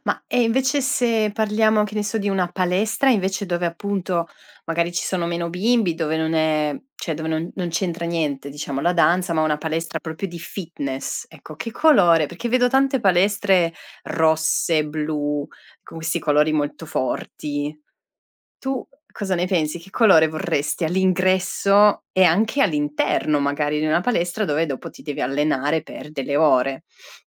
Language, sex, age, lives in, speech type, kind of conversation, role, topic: Italian, female, 35-39, Italy, conversation, podcast, host, Come usi la luce naturale negli spazi di casa tua?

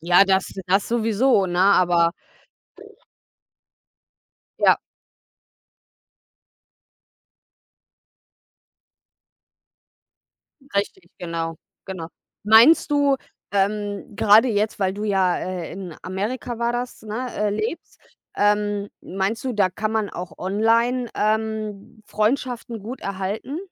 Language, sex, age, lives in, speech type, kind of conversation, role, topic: German, female, 30-34, Germany, conversation, unstructured, none, Was macht für dich eine gute Freundschaft aus?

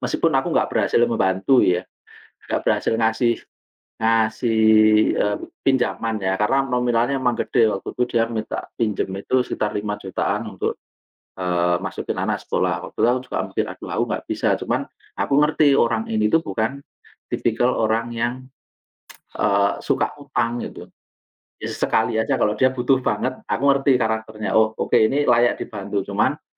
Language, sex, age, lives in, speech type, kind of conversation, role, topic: Indonesian, male, 40-44, Indonesia, conversation, unstructured, none, Bagaimana kamu menyikapi orang yang sering meminjam uang tetapi tidak mau mengembalikannya?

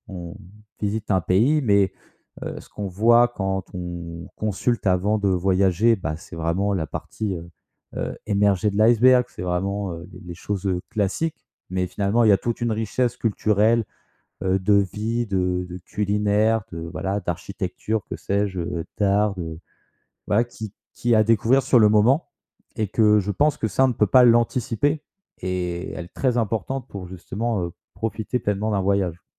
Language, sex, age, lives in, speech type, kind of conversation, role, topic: French, male, 25-29, France, conversation, podcast, guest, Comment restes-tu curieux pendant tes voyages ?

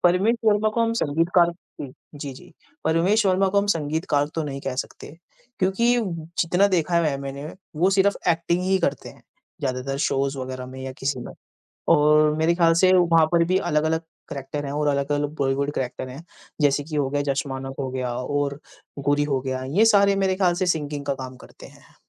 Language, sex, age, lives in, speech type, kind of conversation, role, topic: Hindi, male, 20-24, India, conversation, unstructured, none, आप अपनी पसंदीदा फ़िल्में कैसे चुनते हैं और क्यों?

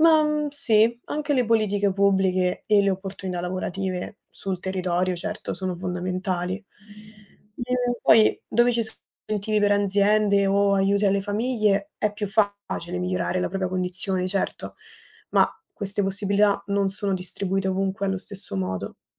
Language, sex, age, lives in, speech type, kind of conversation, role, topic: Italian, female, 20-24, Italy, read, expert-assistant, expert, In Italia è possibile cambiare il proprio destino sociale se si nasce svantaggiati?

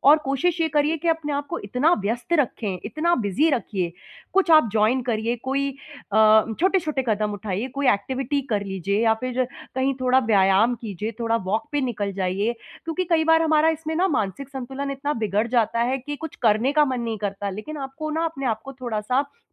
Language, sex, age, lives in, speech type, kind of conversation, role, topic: Hindi, female, 30-34, India, conversation, advice, advisor, खोई हुई उम्मीदों के शोक को मैं कैसे सँभालूँ और आगे कैसे बढ़ूँ?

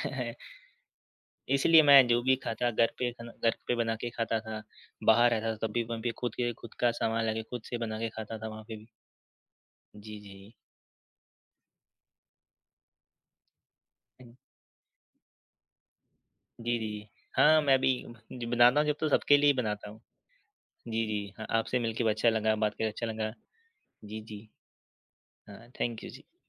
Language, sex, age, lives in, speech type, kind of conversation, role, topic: Hindi, male, 20-24, India, conversation, unstructured, none, आपने पहली बार खाना बनाना कब और कैसे सीखा?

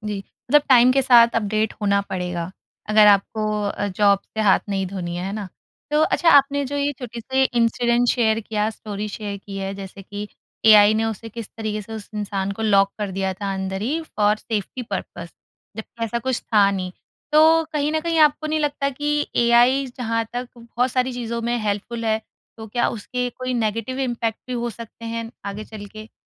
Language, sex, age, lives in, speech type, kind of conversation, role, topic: Hindi, female, 30-34, India, conversation, podcast, host, Future mein AI sahayak ghar ki rozmarra ki routines ko kaise badlenge, tum kya sochte ho?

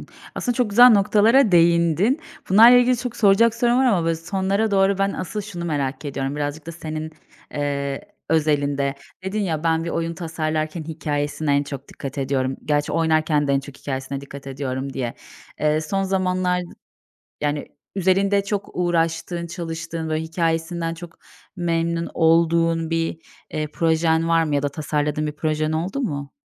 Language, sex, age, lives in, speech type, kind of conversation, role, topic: Turkish, female, 30-34, Bulgaria, conversation, podcast, host, Oyununu veya etkinliğini test ederken nelere dikkat edersin?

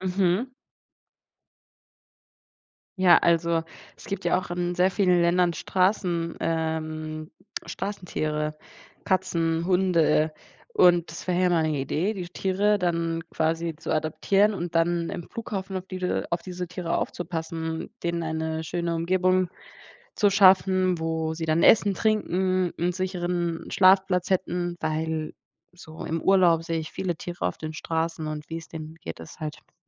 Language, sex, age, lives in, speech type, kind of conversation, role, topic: German, female, 20-24, Germany, conversation, unstructured, none, Wie reagieren Haustiere auf menschliche Emotionen?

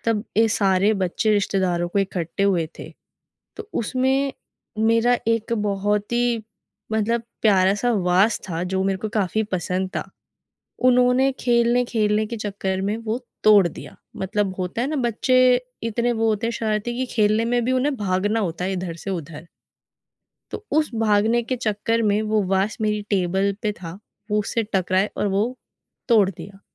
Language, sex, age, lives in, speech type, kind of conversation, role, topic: Hindi, female, 20-24, India, conversation, podcast, guest, Mehmaan aane par tumhari mehmaan-nawazi ka signature touch kya hota hai?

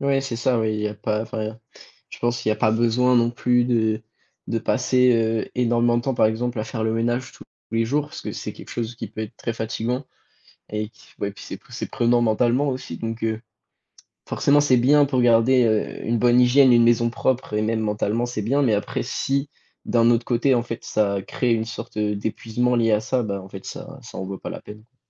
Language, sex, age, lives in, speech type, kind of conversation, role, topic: French, male, 18-19, France, conversation, advice, advisor, Comment décririez-vous votre épuisement chronique qui rend les tâches ménagères et personnelles impossibles ?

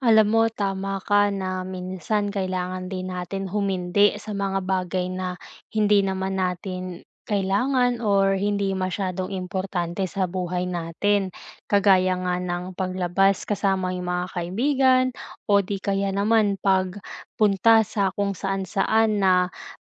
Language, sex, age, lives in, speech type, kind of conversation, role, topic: Filipino, female, 20-24, Philippines, conversation, advice, advisor, Paano ko mababalanse ang agarang mga gawain at ang pangmatagalang mga layunin?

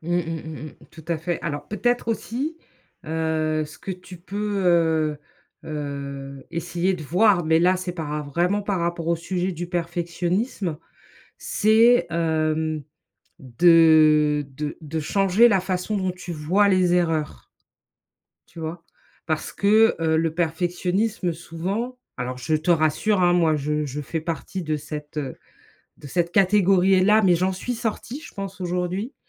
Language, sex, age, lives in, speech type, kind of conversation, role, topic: French, female, 45-49, United States, conversation, advice, advisor, Comment le perfectionnisme t’empêche-t-il de finir tes projets ?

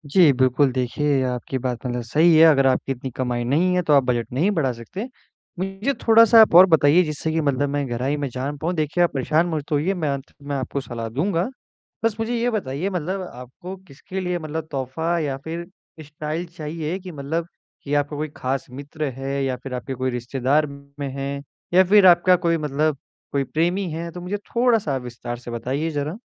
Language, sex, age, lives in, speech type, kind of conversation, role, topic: Hindi, male, 18-19, India, conversation, advice, advisor, कम बजट में अच्छा स्टाइल और सही तोहफा कैसे ढूंढें?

